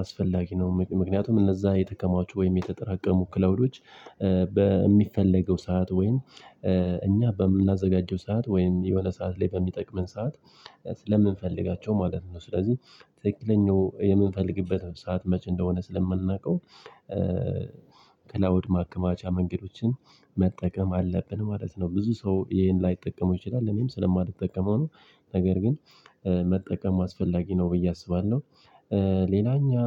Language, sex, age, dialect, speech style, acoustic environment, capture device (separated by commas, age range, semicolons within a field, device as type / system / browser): Amharic, male, 25-34, Ethiopia - Addis Ababa, happy, nature, mobile / Linux / Chrome